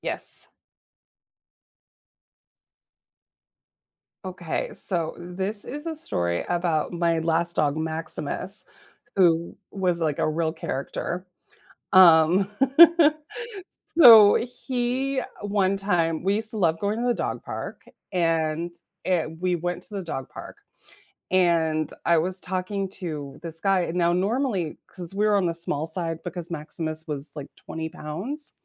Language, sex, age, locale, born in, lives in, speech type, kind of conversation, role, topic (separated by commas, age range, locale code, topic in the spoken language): English, female, 45-49, en-US, United States, United States, conversation, unstructured, none, What is the funniest thing a pet has done around you?